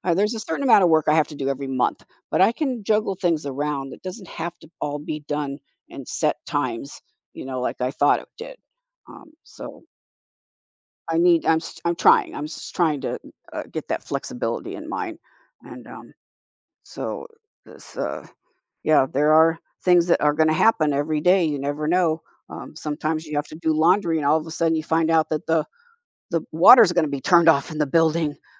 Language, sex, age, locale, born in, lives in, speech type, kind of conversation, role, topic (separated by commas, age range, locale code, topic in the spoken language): English, female, 70-74, en-US, United States, United States, conversation, podcast, guest, What helps you maintain a healthy balance between your personal and professional responsibilities?